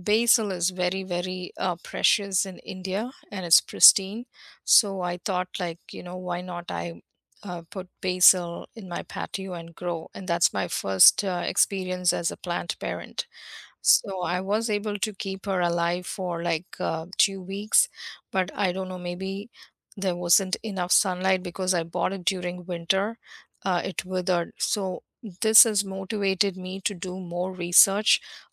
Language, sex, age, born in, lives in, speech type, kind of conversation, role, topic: English, female, 50-54, India, United States, conversation, unstructured, none, Where do you go in nature to feel restored, and what makes that place meaningful to you?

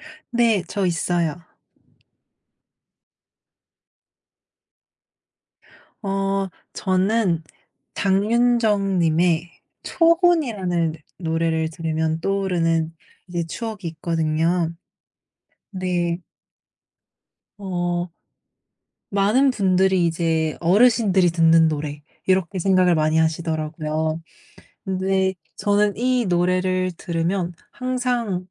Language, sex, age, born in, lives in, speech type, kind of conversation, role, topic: Korean, female, 18-19, South Korea, United States, conversation, podcast, guest, 음악을 들으면 떠오르는 특별한 추억 하나를 들려주실 수 있나요?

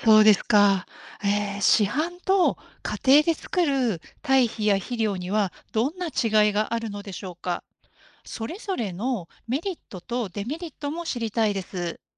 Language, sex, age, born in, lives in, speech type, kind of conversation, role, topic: Japanese, female, 50-54, Japan, Japan, read, expert-assistant, asker, YouTubeのガーデニング動画で見た裏ワザ、本当に効果がある？信じて試しても大丈夫？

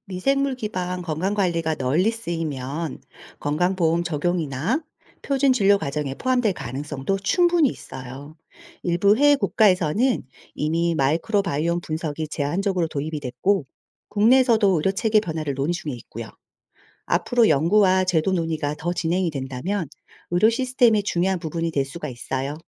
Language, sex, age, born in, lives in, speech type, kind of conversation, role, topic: Korean, female, 40-44, South Korea, South Korea, read, expert-assistant, expert, 진화에 관한 뉴스나 다큐멘터리가 많은데, 믿을 만한 정보를 어떻게 골라야 할까요?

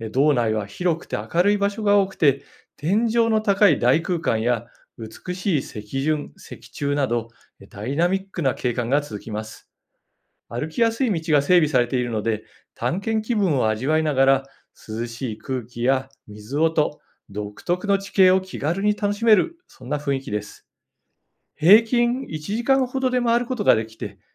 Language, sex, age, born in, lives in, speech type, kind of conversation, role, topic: Japanese, male, 55-59, Japan, Japan, read, expert-assistant, expert, 地質初心者におすすめの観光地はどこですか？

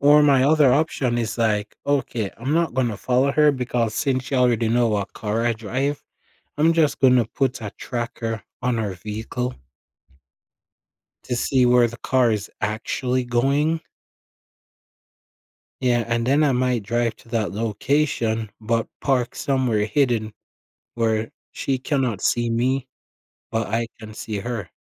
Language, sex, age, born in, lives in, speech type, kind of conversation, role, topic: English, male, 20-24, Jamaica, United States, conversation, advice, user, How can I improve communication with my partner so we argue less?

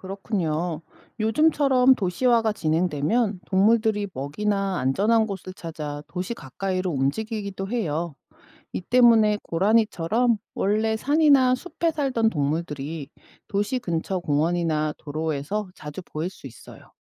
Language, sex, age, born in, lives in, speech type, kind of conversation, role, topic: Korean, female, 45-49, South Korea, United States, read, expert-assistant, expert, 도시화가 야생 동물 서식지에 미치는 영향에 대해 설명해 주세요.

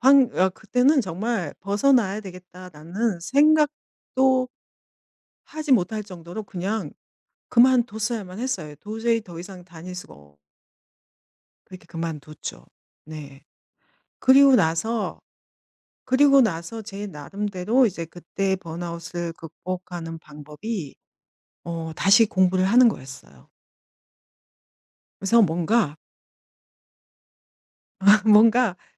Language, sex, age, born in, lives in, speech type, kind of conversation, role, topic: Korean, female, 50-54, South Korea, Germany, conversation, podcast, guest, 번아웃을 솔직히 어떻게 극복하셨어요?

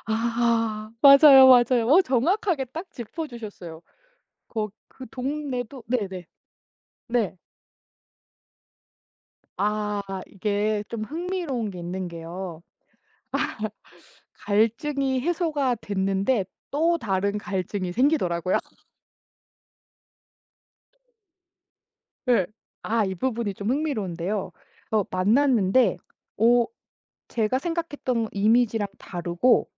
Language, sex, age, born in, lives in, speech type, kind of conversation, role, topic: Korean, female, 40-44, South Korea, United States, conversation, podcast, guest, 온라인에서 신뢰를 쌓는 방식은 오프라인과 어떤 점이 다르다고 보시나요?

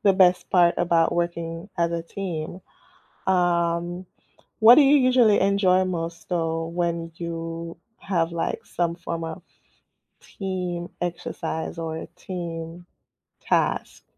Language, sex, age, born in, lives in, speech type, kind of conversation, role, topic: English, female, 30-34, Jamaica, United States, conversation, unstructured, none, What is the best part of working in a team?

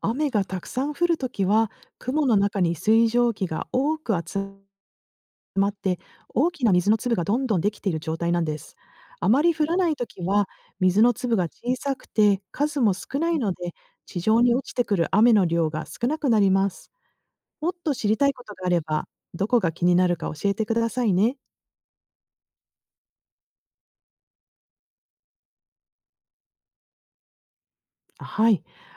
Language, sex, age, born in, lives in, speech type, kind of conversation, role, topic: Japanese, female, 50-54, Japan, United States, read, expert-assistant, expert, なぜ雲は空に浮かんでいるのでしょうか？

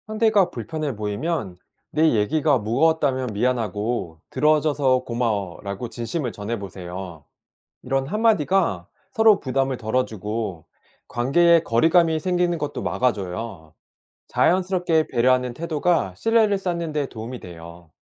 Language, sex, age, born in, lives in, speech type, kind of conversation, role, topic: Korean, male, 40-44, South Korea, South Korea, read, expert-assistant, expert, 요즘 자주 무기력해지는데, 이런 변화가 정신 건강에 어떤 신호일까요?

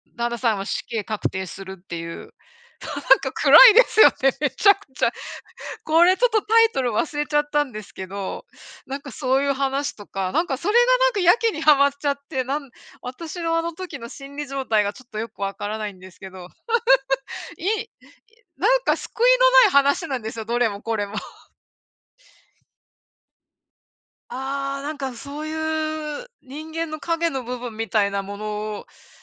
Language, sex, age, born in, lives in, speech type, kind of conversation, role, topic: Japanese, female, 55-59, Japan, United States, conversation, unstructured, none, 好きなアニメや漫画は何ですか？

